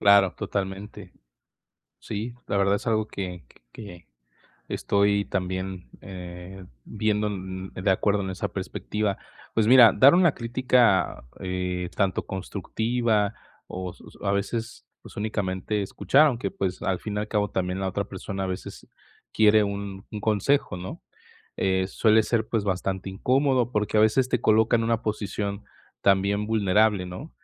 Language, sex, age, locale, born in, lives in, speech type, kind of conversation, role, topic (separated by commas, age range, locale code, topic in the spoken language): Spanish, male, 20-24, es-MX, Mexico, Mexico, conversation, advice, advisor, ¿Cómo puedo dar una crítica constructiva sin dañar la relación?